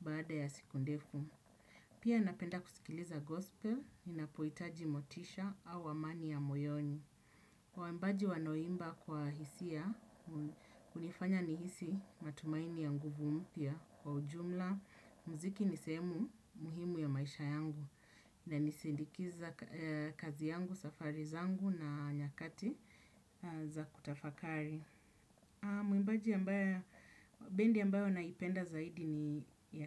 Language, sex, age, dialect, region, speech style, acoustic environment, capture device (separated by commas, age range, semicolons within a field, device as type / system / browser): Swahili, female, 35-44, Kenya - Nairobi Sheng-influenced, Kenya, happy; relaxed; excited; focused, people talking; nature; silence; appliances, desktop / Windows / Chrome